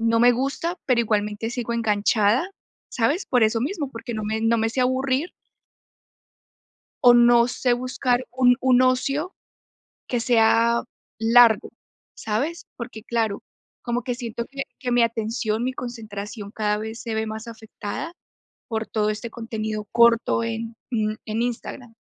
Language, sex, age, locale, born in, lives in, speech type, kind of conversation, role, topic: Spanish, female, 25-29, es-CO, Colombia, United States, conversation, advice, user, ¿Cómo puedo aprovechar el aburrimiento para mejorar mi concentración y trabajar en tareas largas?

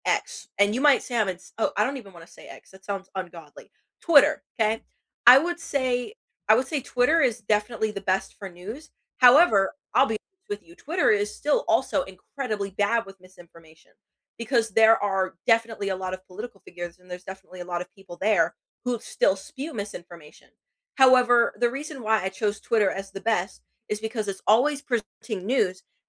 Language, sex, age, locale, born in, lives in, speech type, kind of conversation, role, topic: English, female, 18-19, en-US, United States, United States, conversation, unstructured, none, What is your go-to way to keep up with the news these days?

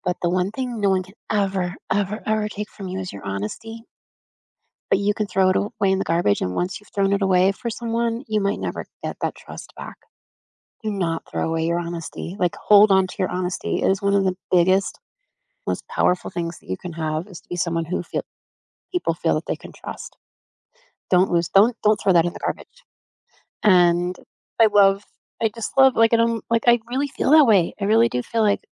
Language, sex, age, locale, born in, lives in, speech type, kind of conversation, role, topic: English, female, 50-54, en-US, United States, United States, conversation, unstructured, none, What does honesty mean to you in everyday life?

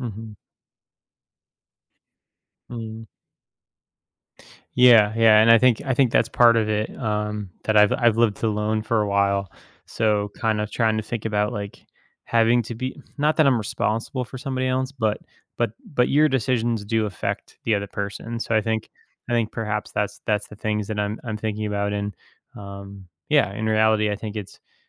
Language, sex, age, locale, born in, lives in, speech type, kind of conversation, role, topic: English, male, 30-34, en-US, United States, United States, conversation, advice, user, How can I pursue my passion without sacrificing financial stability?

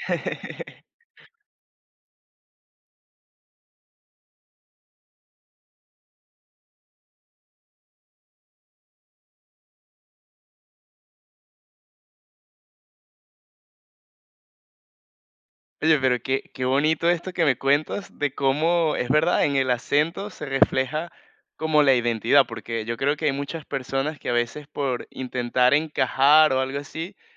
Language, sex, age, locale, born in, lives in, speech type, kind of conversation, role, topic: Spanish, male, 20-24, es-VE, Venezuela, Portugal, conversation, podcast, host, ¿Qué idioma te hace sentir más tú mismo?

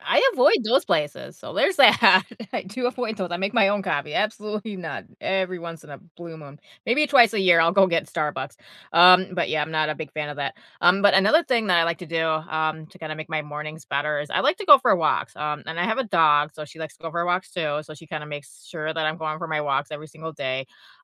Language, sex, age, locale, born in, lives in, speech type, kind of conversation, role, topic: English, female, 35-39, en-US, United States, United States, conversation, unstructured, none, What small rituals make your mornings better?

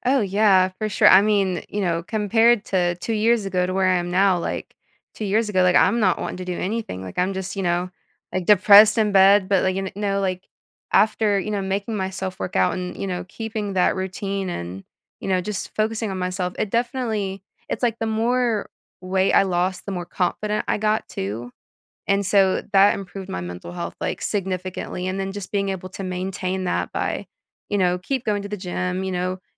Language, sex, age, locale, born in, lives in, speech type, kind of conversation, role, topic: English, female, 25-29, en-US, United States, United States, conversation, podcast, host, What helps you keep up a consistent exercise routine over time?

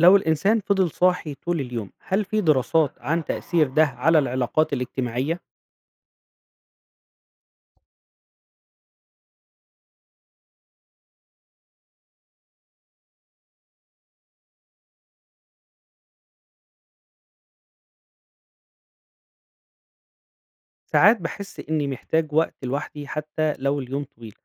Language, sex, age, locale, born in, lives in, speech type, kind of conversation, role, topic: Arabic, male, 35-39, ar-EG, Egypt, Egypt, read, expert-assistant, asker, تخيل لو الإنسان كان يقدر يعيش من غير نوم خالص، إزاي ده كان هيأثر على الجسم والعقل؟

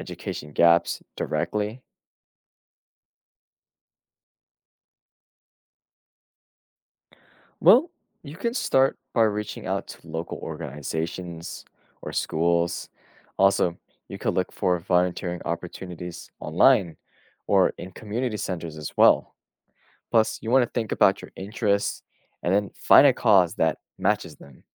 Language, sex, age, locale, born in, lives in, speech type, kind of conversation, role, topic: English, male, 20-24, en-US, United States, United States, read, expert-assistant, expert, What are the benefits of volunteering in your community?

